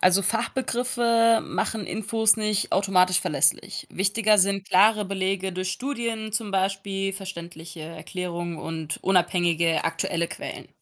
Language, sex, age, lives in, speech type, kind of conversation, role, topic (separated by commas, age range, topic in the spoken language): German, female, 20-24, Germany, read, expert-assistant, expert, Woran erkenne ich verlässliche Informationen zur Herzgesundheit?